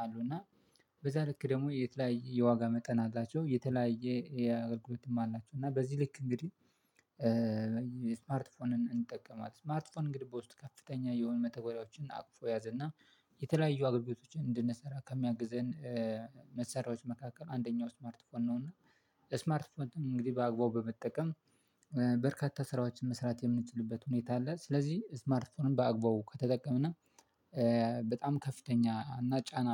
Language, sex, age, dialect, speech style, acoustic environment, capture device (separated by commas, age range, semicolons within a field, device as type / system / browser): Amharic, male, 25-34, Ethiopia - Addis Ababa, happy, silence, mobile / Linux / Chrome